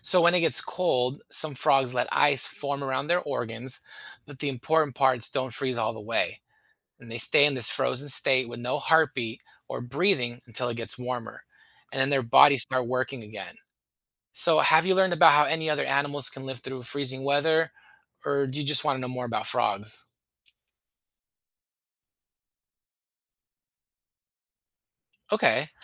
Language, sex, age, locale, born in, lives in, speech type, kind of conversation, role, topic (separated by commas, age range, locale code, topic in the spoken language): English, male, 40-44, en-US, United States, United States, read, expert-assistant, expert, How do frogs breathe both in water and on land?